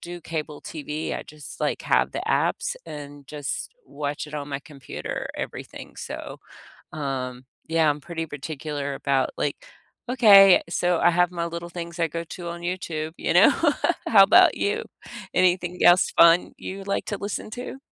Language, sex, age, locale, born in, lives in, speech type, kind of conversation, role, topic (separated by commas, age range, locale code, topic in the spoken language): English, female, 60-64, en-US, United States, United States, conversation, unstructured, none, What songs or podcast episodes have brightened your days lately, and what about them lifts your spirits?